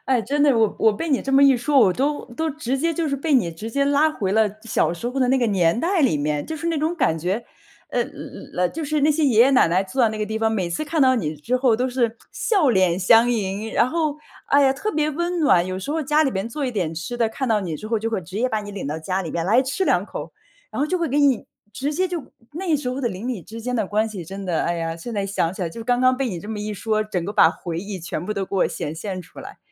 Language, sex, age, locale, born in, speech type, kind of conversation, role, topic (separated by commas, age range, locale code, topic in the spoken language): Chinese, female, 35-39, zh-CN, China, conversation, podcast, host, 如何在社区里传递尊重与包容？